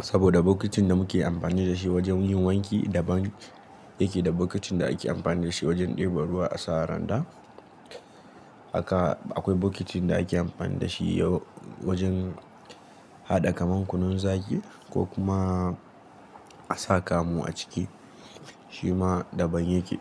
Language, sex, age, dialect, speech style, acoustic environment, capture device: Hausa, male, 18-24, Nigeria - Nigerian Standard, relaxed; focused, nature; appliances, mobile / Linux / Chrome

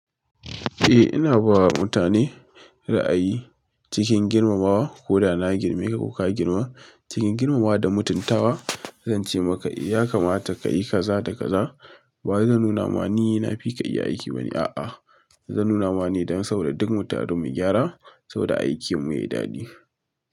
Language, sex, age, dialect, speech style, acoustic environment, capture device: Hausa, male, 25-34, Nigeria - Kano, excited; relaxed, silence, mobile / Linux / Chrome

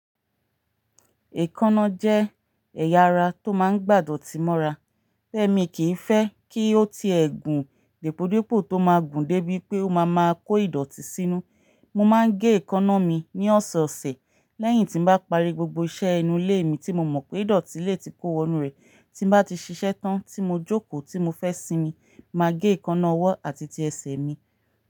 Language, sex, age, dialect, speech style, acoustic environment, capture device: Yoruba, female, 35-44, Nigeria - Ibadan, relaxed, nature; silence, mobile / Linux / Chrome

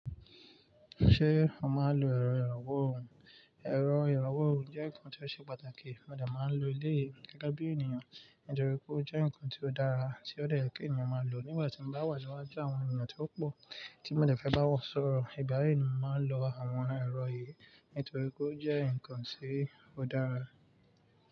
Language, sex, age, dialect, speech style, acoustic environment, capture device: Yoruba, male, 25-34, Nigeria - Nigerian Standard, focused, nature, mobile / Linux / Chrome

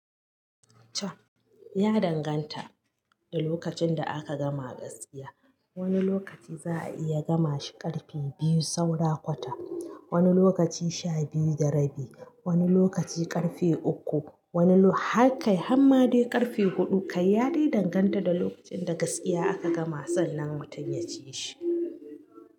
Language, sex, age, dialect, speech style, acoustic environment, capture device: Hausa, female, 35-44, Nigeria - Katsina, happy; anxious; nervous; excited; focused, silence; nature; appliances, mobile / Linux / Chrome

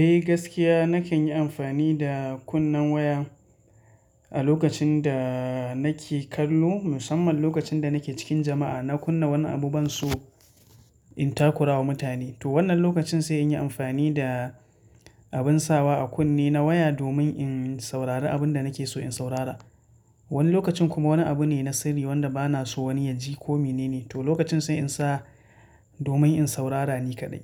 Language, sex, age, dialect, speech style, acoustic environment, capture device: Hausa, male, 25-34, Nigeria - Katsina, relaxed, nature; silence, mobile / Linux / Chrome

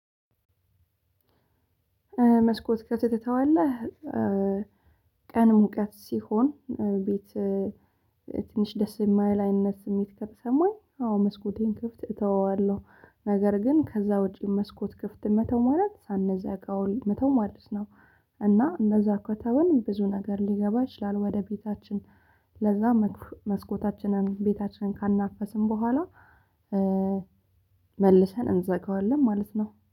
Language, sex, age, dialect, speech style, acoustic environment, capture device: Amharic, female, 25-34, Ethiopia - Addis Ababa, happy; excited, silence, mobile / Linux / Chrome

